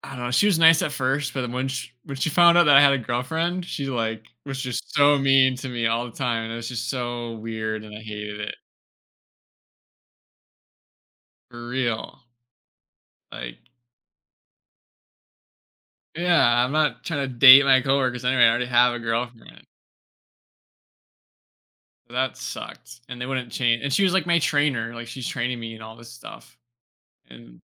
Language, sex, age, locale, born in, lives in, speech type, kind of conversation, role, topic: English, male, 25-29, en-US, United States, United States, conversation, podcast, guest, What achievement in your career has had the biggest impact on you?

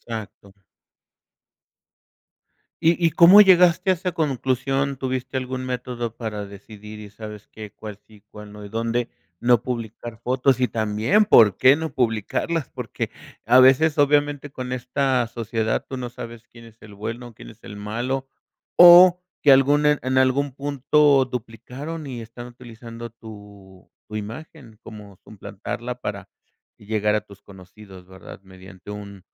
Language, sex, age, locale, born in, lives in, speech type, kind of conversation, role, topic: Spanish, male, 50-54, es-MX, Mexico, Mexico, conversation, podcast, host, ¿Cómo decides qué fotos subir a redes sociales?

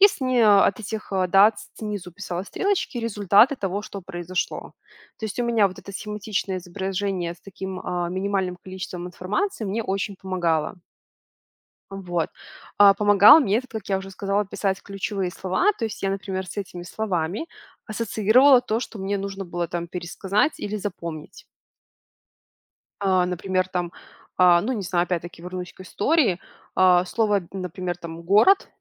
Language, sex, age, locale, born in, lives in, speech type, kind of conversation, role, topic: Russian, female, 25-29, ru-RU, Belarus, Italy, conversation, podcast, guest, Какие простые лайфхаки для учёбы ты бы посоветовал?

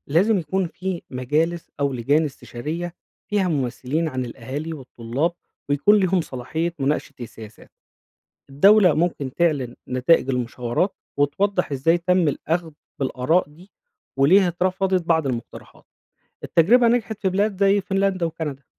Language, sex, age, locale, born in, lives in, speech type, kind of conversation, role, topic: Arabic, male, 35-39, ar-EG, Egypt, Egypt, read, expert-assistant, expert, إزاي بتتغير سياسة التعليم مع تغير الحكومات والظروف الاقتصادية، وليه بنشوف تغييرات في المناهج أو نظام الثانوية العامة؟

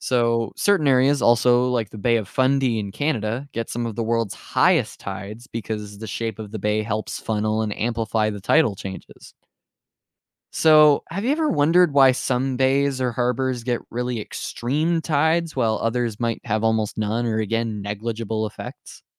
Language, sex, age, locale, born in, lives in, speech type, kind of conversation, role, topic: English, male, 18-19, en-US, United States, United States, read, expert-assistant, expert, What causes tides and why do they vary around the world?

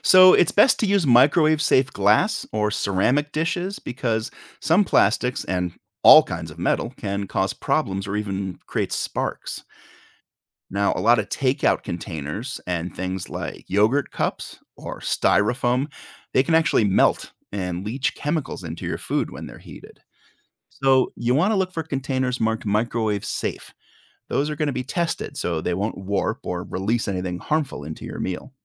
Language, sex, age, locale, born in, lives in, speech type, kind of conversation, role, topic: English, male, 45-49, en-US, United States, United States, read, expert-assistant, expert, How can you safely and effectively heat food in a microwave?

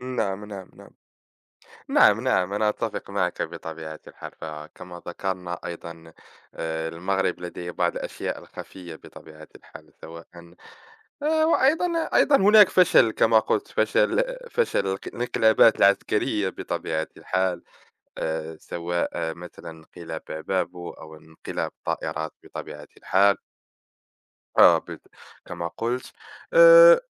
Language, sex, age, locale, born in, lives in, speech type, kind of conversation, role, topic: Arabic, male, 20-24, ar-MA, Morocco, France, conversation, unstructured, none, إزاي الربيع العربي أثّر على المجتمعات العربية؟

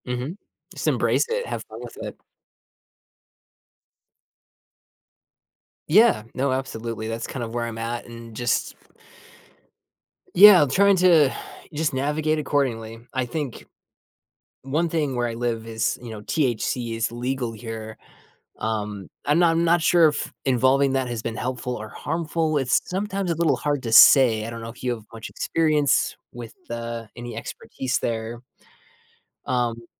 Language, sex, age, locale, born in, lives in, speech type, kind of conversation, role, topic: English, male, 30-34, en-US, United States, United States, conversation, advice, user, How can I make friends in a new city?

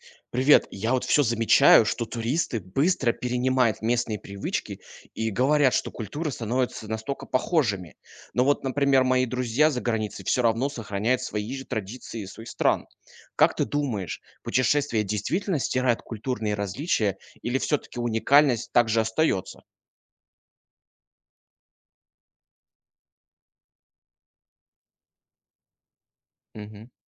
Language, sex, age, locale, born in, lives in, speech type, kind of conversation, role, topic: Russian, male, 20-24, ru-RU, Russia, Germany, read, expert-assistant, asker, Есть мнение, что путешествия и миграция делают культуры похожими друг на друга. Это правда, или различия всё же сохраняются?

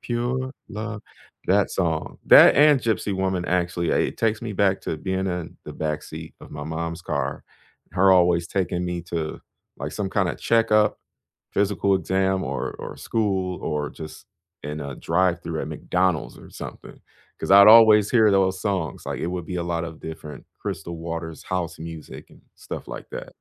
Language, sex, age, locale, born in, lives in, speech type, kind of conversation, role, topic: English, male, 35-39, en-US, United States, United States, conversation, unstructured, none, Is there a song that instantly takes you back to a special time?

